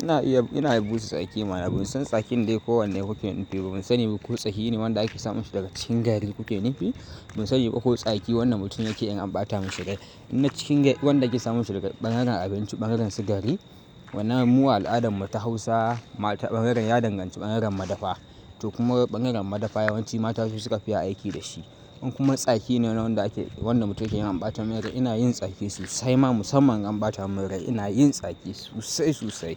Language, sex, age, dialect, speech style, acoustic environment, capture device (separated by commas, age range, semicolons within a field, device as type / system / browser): Hausa, male, 18-24, Nigeria - Kano, focused, nature, mobile / Linux / Chrome